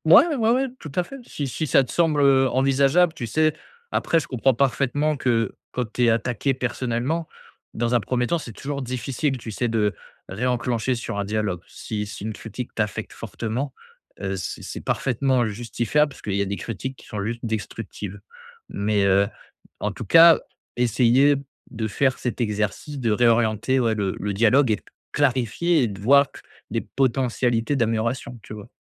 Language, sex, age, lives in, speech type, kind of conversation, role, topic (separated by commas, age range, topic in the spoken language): French, male, 25-29, France, conversation, advice, advisor, Comment puis-je poser des questions constructives après une critique ?